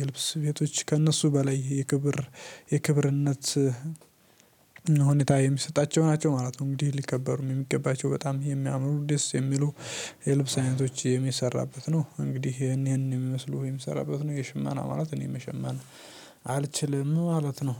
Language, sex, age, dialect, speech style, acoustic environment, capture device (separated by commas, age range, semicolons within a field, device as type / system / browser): Amharic, male, 18-24, Ethiopia - Addis Ababa, happy; stressed, nature; silence, mobile / Linux / Chrome